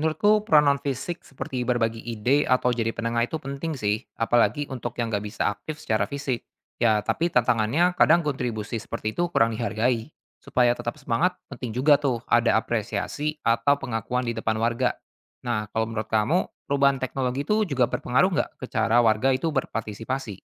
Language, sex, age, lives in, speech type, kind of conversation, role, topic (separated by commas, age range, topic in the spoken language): Indonesian, male, 25-29, Indonesia, read, expert-assistant, asker, Bagaimana kalau kita mencoba cara baru agar suara masyarakat lebih didengar dalam pembuatan aturan pemerintah?